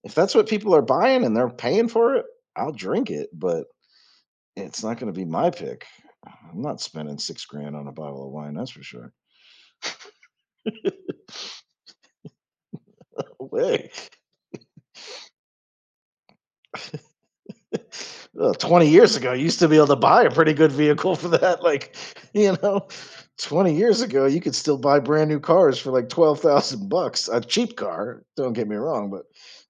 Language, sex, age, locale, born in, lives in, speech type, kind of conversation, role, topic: English, male, 45-49, en-US, United States, United States, conversation, podcast, guest, How do special meals or shared food experiences shape our memories and relationships?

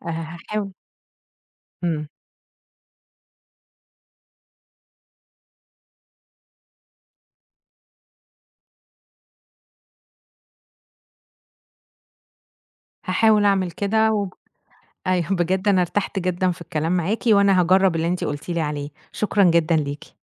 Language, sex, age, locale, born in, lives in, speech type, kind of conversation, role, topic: Arabic, female, 30-34, ar-EG, Egypt, Egypt, conversation, advice, user, إزاي أتعامل مع الخلاف المستمر مع شريكي عن إدارة الفلوس وشؤون البيت؟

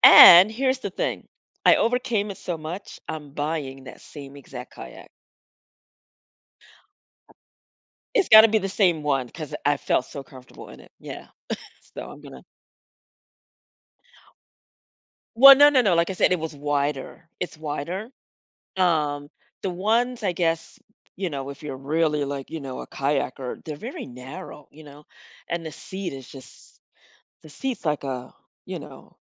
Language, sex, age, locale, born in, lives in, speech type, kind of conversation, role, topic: English, female, 55-59, en-US, United States, United States, conversation, advice, user, How can I celebrate my recent achievement?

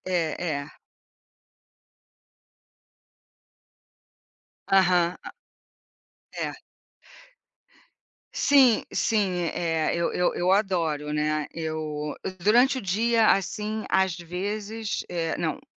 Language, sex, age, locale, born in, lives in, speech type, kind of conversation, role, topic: Portuguese, female, 60-64, pt-BR, Brazil, United States, conversation, unstructured, none, Qual é a importância de reservar momentos para descansar a mente?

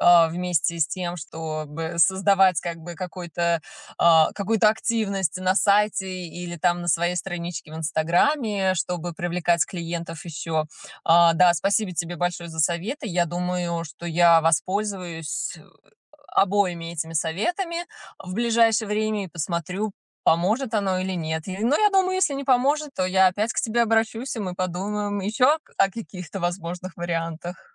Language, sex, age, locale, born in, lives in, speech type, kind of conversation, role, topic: Russian, female, 35-39, ru-RU, Russia, United States, conversation, advice, user, Как привлечь первых клиентов без бюджета?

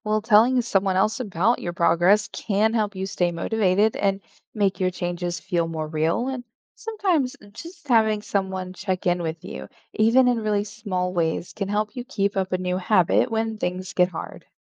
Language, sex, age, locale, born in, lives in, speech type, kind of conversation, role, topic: English, female, 35-39, en-US, Germany, United States, read, expert-assistant, expert, Why is it important to reflect on your experiences?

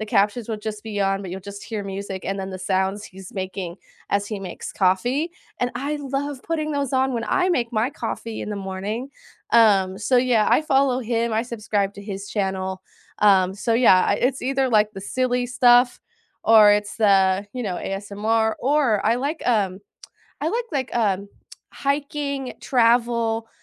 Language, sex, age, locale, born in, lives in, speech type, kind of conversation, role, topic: English, female, 20-24, en-US, United States, United States, conversation, unstructured, none, What hidden-gem podcasts or YouTube channels could we enjoy together, and why?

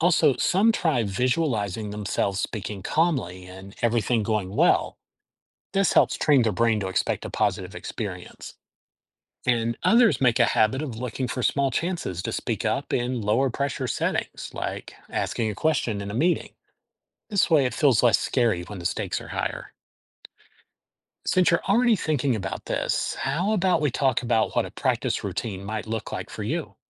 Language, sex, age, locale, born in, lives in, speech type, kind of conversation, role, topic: English, male, 50-54, en-US, United States, United States, read, expert-assistant, expert, Why do people feel nervous before public speaking?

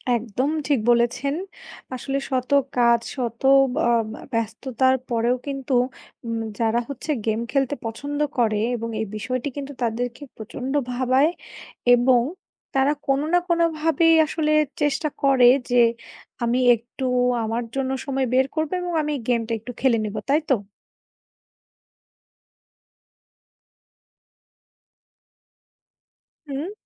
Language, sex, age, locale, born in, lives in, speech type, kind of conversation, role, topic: Bengali, female, 25-29, bn-BD, Bangladesh, Bangladesh, conversation, unstructured, none, কোন খেলাটি আপনার কাছে সবচেয়ে আকর্ষণীয়, এবং কেন?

